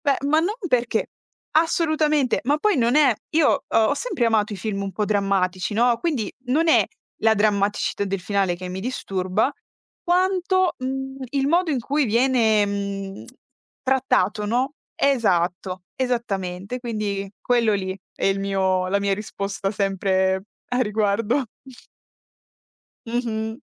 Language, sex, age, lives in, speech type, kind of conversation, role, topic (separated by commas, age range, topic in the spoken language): Italian, female, 25-29, Italy, conversation, podcast, guest, Come dovrebbe essere un finale soddisfacente secondo te?